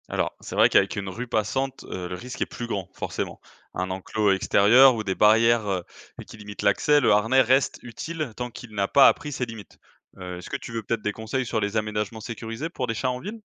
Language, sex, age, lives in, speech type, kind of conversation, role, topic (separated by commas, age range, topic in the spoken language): French, male, 25-29, France, read, expert-assistant, expert, Faut-il laisser son chat sortir dehors en toute sécurité ?